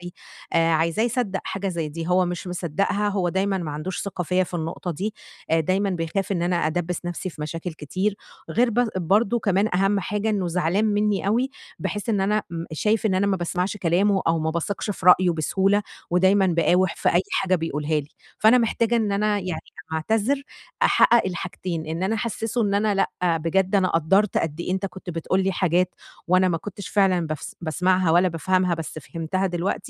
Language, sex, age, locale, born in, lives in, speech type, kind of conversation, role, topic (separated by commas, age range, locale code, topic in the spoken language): Arabic, female, 30-34, ar-EG, Egypt, Egypt, conversation, advice, user, ازاي أعتذر بجد وأرجّع الثقة بعد ما غلطت؟